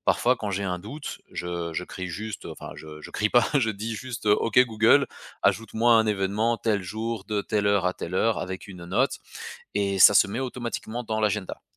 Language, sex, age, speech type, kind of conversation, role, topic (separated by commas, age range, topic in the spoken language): French, male, 35-39, conversation, podcast, guest, Quelle application te sauve la vie pour gérer ton agenda ?